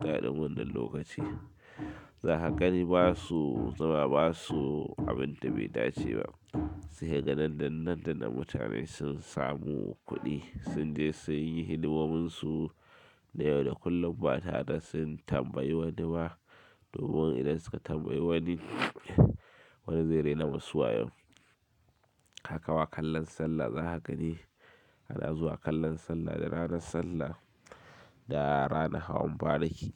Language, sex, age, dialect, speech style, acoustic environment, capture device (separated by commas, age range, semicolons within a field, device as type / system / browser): Hausa, male, 25-34, Nigeria - Kano, excited, silence, mobile / Linux / Chrome